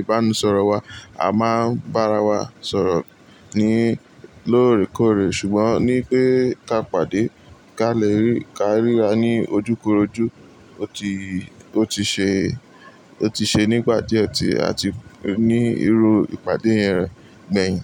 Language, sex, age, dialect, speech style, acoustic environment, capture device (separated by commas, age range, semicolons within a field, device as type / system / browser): Yoruba, male, 18-24, Nigeria - Lagos Yoruba, relaxed, appliances, mobile / Linux / Chrome